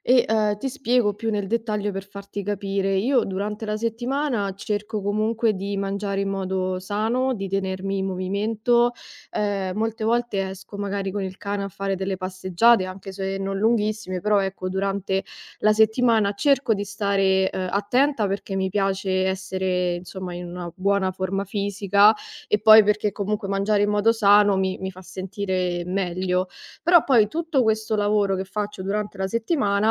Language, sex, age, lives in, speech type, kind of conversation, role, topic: Italian, female, 25-29, Italy, conversation, advice, user, Come posso mantenere abitudini salutari durante il weekend fuori casa?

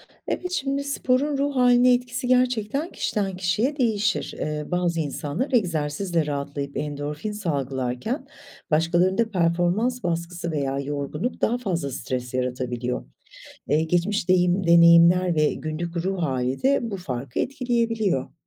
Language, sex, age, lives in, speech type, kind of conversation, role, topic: Turkish, female, 50-54, Italy, read, expert-assistant, expert, Spor yapmanın ruh haline etkisi konusunda neden bu kadar farklı görüşler var?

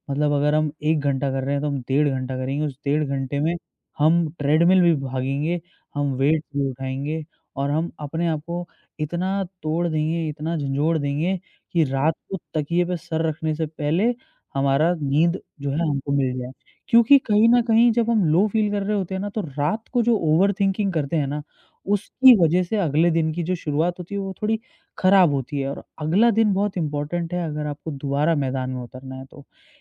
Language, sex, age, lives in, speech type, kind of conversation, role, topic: Hindi, male, 25-29, India, conversation, podcast, guest, Jab motivation low hota tha, tum kya karte the?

